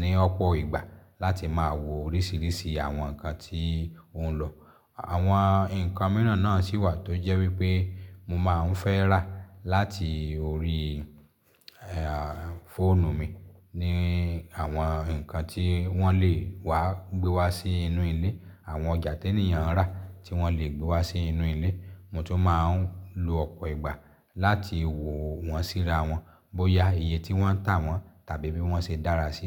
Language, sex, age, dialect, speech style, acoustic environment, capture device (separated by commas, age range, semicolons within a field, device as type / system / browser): Yoruba, male, 35-44, Nigeria - Lagos Yoruba, happy; relaxed; excited; focused, silence, mobile / Linux / Chrome